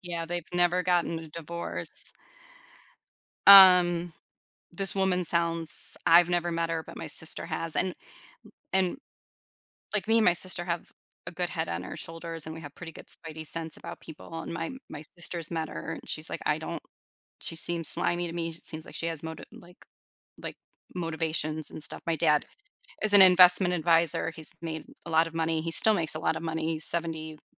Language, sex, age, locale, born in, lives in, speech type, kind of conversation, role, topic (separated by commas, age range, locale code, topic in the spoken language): English, female, 45-49, en-US, United States, United States, conversation, advice, user, How can I rebuild trust after a fight?